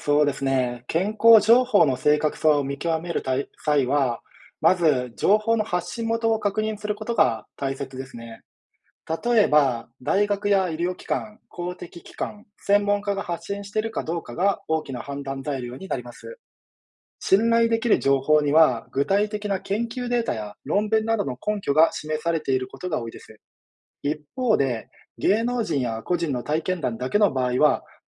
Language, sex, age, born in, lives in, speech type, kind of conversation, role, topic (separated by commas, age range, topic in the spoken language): Japanese, male, 30-34, Japan, Japan, read, expert-assistant, expert, 健康情報の信頼性はどのように見極めればよいですか？